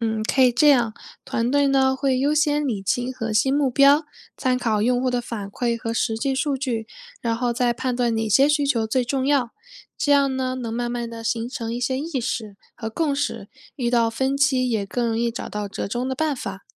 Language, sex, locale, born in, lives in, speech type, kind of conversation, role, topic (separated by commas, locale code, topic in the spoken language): Chinese, female, zh-CN, China, United States, read, expert-assistant, expert, 为什么有些创业点子能成功，而有些却失败了？背后的原因有哪些？